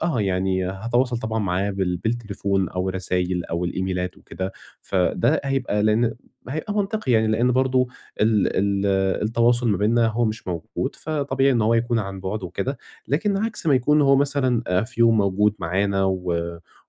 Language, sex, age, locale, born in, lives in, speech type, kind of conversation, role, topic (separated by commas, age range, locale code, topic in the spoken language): Arabic, male, 20-24, ar-EG, Egypt, Egypt, conversation, advice, user, إزاي أطلب إرشاد مهني من غير ما أحسّ بالكسوف في الشغل؟